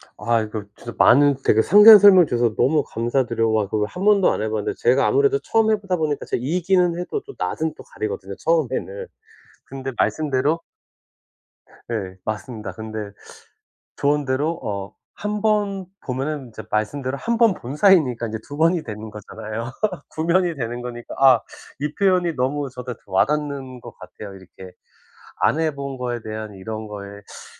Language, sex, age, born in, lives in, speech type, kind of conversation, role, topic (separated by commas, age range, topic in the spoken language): Korean, male, 40-44, South Korea, United States, conversation, advice, user, 휴일에 외로움과 소외감을 자주 느낄 때 어떻게 하면 좋을까요?